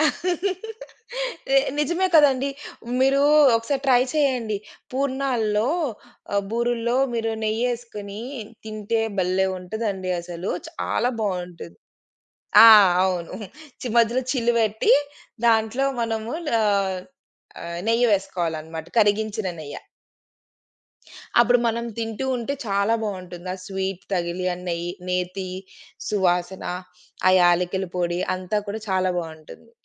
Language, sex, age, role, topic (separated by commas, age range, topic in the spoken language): Telugu, female, 35-39, guest, పండుగల వేళ మీకు గుర్తుండిపోయిన వంటల జ్ఞాపకాలు ఏవీ?